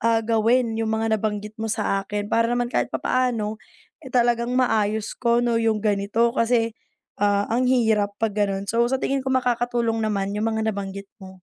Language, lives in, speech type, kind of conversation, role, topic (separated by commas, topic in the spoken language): Filipino, Philippines, conversation, advice, user, Paano ako makakapagpahinga kapag palaging may distraksyon o pagod?